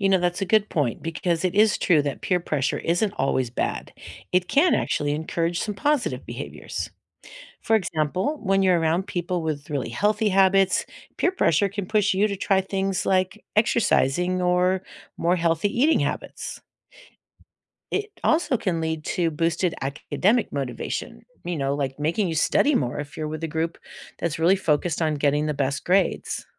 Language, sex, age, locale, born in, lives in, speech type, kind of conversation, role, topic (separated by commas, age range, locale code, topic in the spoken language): English, female, 60-64, en-US, United States, United States, read, expert-assistant, expert, How does peer pressure affect decision-making and behavior?